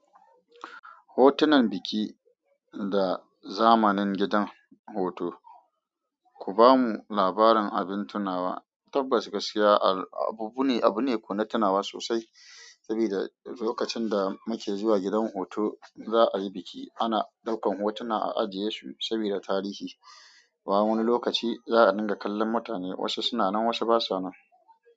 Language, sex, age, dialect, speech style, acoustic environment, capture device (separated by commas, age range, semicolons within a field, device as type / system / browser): Hausa, female, 25-34, Nigeria - Kano, excited; focused; happy, nature, mobile / Android / Chrome